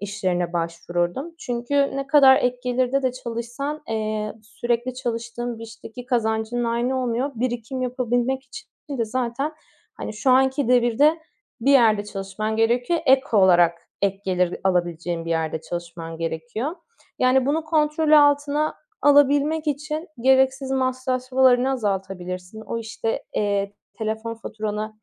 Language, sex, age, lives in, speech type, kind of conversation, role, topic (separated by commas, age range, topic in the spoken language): Turkish, female, 25-29, Greece, conversation, advice, advisor, Acil durum fonun olmadığı için beklenmedik faturalarla karşılaşınca nasıl panik yaşıyorsun?